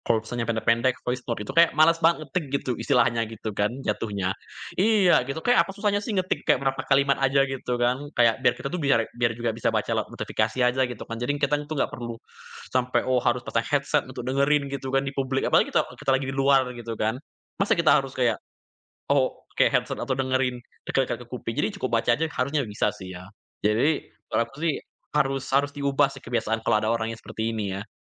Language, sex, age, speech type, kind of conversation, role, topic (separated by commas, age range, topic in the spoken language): Indonesian, male, 20-24, conversation, podcast, guest, Kapan lebih tepat menggunakan pesan suara daripada mengetik pesan?